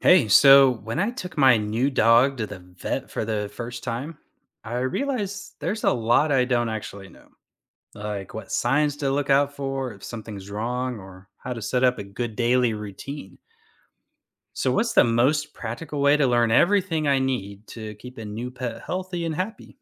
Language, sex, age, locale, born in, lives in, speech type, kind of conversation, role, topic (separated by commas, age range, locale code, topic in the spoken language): English, male, 35-39, en-US, United States, United States, read, expert-assistant, asker, What's the most practical approach to learning everything you need for a new pet’s health and care?